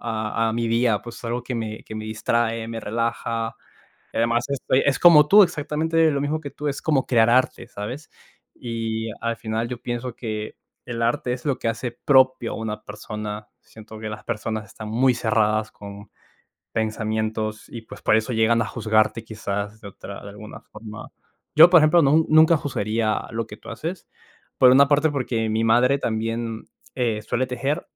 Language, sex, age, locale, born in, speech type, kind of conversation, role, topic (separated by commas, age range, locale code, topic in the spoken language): Spanish, male, 20-24, es-PE, Peru, conversation, unstructured, none, ¿Crees que hay pasatiempos que deberían ser menospreciados o juzgados?